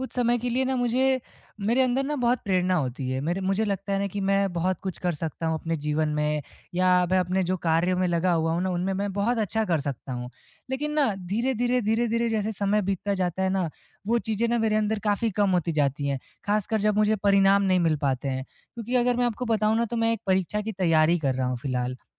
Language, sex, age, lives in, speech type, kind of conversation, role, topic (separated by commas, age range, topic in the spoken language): Hindi, male, 20-24, India, conversation, advice, user, मैं खुद पर अनावश्यक दबाव डाले बिना प्रेरित कैसे रह सकता/सकती हूँ?